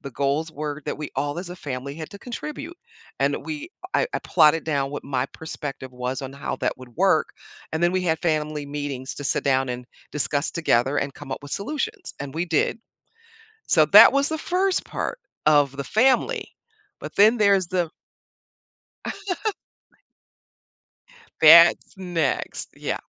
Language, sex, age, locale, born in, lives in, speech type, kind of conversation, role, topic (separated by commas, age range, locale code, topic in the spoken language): English, female, 60-64, en-US, United States, United States, conversation, podcast, guest, How do you stay motivated and focused when your plans or priorities need to change?